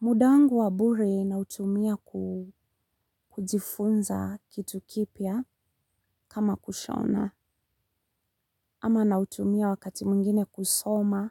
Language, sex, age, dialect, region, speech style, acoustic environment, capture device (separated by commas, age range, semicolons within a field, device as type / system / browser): Swahili, female, 35-44, Kenya - Nairobi Sheng-influenced, Kenya, tired, silence, mobile / Linux / Chrome